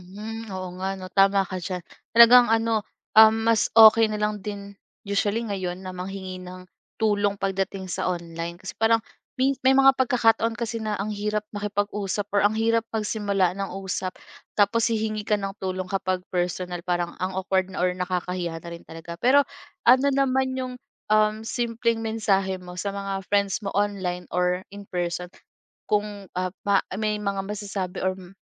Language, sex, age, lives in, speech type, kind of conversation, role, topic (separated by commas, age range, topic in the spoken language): Filipino, female, 25-29, Philippines, conversation, podcast, host, Paano mo ikinukumpara ang pagkakaibigang online at pagkakaibigang harapan?